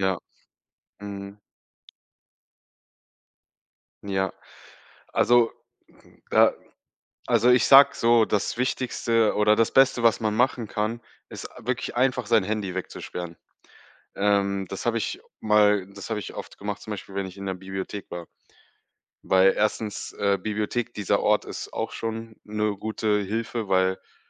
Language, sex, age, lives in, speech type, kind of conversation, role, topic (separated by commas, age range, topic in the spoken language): German, male, 25-29, Germany, conversation, podcast, guest, Wie lernst du normalerweise am effektivsten?